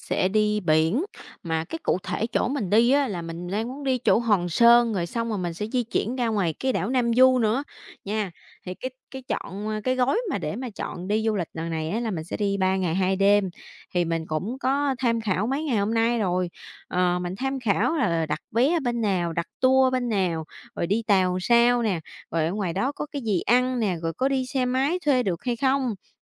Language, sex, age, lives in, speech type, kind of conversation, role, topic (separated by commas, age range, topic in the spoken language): Vietnamese, female, 25-29, Vietnam, conversation, advice, user, Làm sao để giảm bớt căng thẳng khi đi du lịch?